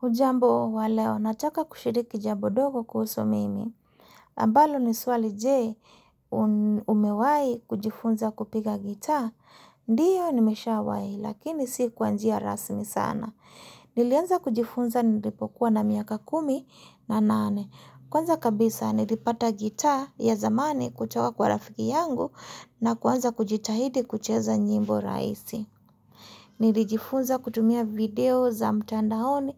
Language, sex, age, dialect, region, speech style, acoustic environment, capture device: Swahili, female, 35-44, Kenya - Mombasa, Kenya, happy, silence, mobile / Linux / Chrome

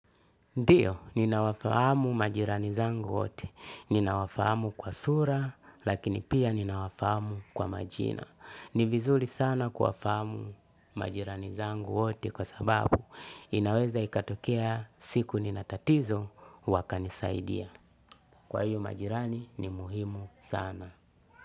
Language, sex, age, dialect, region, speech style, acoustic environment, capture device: Swahili, male, 35-44, Tanzania - Dar es Salaam, Tanzania, anxious, music, desktop / Linux / Chrome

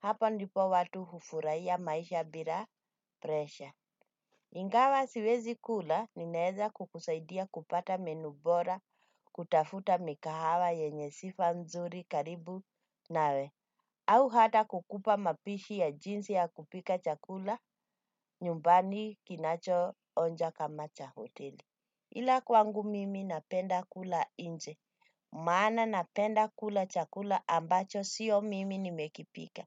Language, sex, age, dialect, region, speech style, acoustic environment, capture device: Swahili, female, 35-44, Kenya - Nairobi Sheng-influenced, Kenya, relaxed, nature, desktop / Windows / Chrome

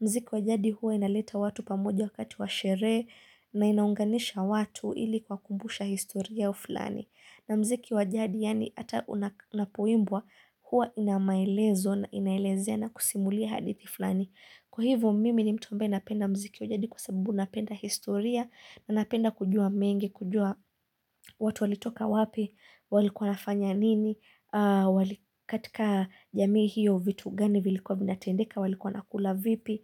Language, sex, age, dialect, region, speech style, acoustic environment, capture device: Swahili, female, 25-34, Kenya - Mombasa, Kenya, focused; excited; happy, silence, mobile / Linux / Chrome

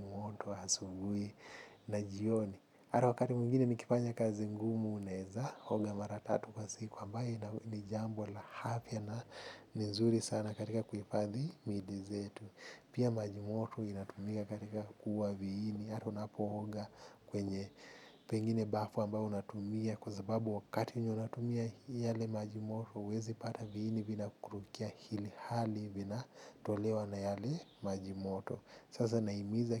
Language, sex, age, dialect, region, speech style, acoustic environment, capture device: Swahili, male, 25-34, Kenya - Mombasa, Kenya, happy; focused, people talking; traffic; music; construction; appliances, mobile / Linux / Chrome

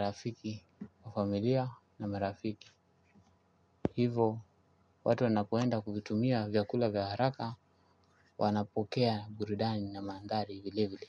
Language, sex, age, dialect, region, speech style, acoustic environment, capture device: Swahili, male, 25-34, Kenya - Mombasa, Kenya, tired; focused, music; nature; appliances, desktop / Linux / Chrome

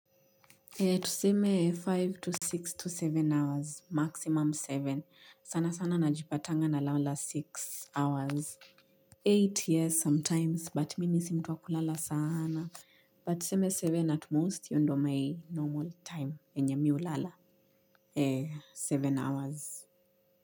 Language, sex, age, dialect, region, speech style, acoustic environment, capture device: Swahili, female, 25-34, Kenya - Nairobi Sheng-influenced, Kenya, focused, silence, mobile / Linux / Chrome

